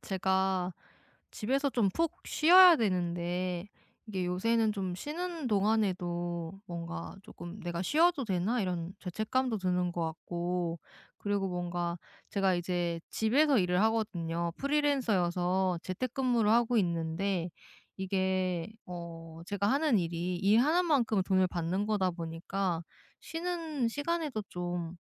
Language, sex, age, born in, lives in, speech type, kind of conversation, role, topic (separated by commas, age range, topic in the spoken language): Korean, female, 30-34, South Korea, South Korea, conversation, advice, user, 휴식 중에 느끼는 죄책감과 시간 압박감을 어떻게 줄일 수 있을까요?